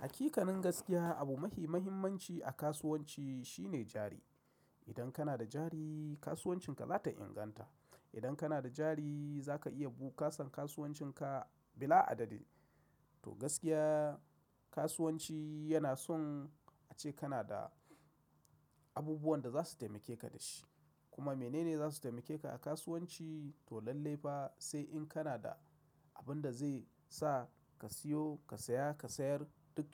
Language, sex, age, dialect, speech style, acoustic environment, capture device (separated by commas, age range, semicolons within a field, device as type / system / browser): Hausa, male, 35-44, Nigeria - Kano, excited, silence, mobile / macOS / Safari